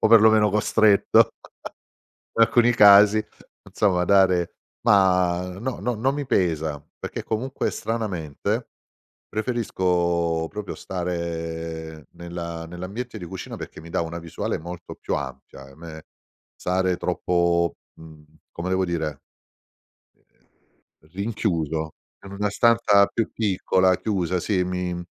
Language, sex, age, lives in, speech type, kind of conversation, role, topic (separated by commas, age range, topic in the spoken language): Italian, male, 50-54, Italy, conversation, podcast, guest, Come organizzi lo spazio in casa per lavorare da remoto senza invadere la vita privata?